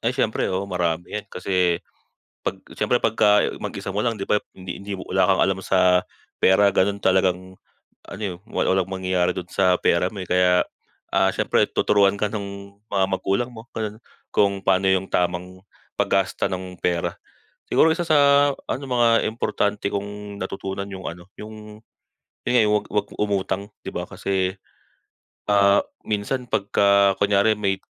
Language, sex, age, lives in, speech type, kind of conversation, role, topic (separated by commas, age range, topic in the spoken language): Filipino, male, 25-29, Philippines, conversation, unstructured, none, Ano ang natutuhan mo tungkol sa pera mula sa pamilya mo?